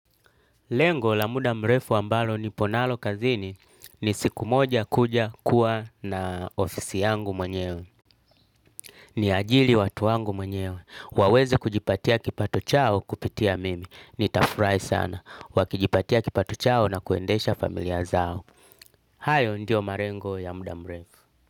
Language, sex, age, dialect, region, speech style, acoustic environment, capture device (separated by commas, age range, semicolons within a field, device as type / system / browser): Swahili, male, 35-44, Tanzania - Dar es Salaam, Tanzania, happy, nature, desktop / Linux / Chrome